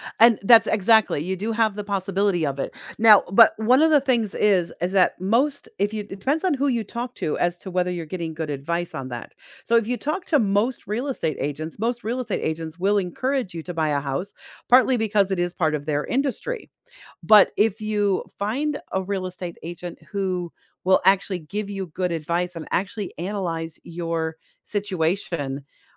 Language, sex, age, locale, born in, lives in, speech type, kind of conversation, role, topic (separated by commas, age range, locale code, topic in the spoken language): English, female, 50-54, en-US, United States, United States, conversation, advice, advisor, Should I buy a home now or keep renting given my current financial situation?